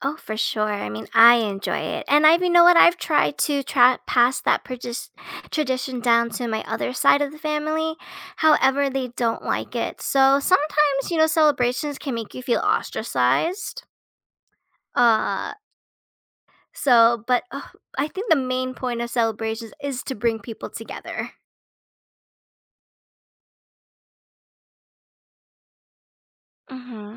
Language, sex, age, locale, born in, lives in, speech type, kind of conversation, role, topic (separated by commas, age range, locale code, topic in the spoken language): English, female, 30-34, en-US, United States, United States, conversation, podcast, host, Why do shared celebrations play such an important role in building community and connection?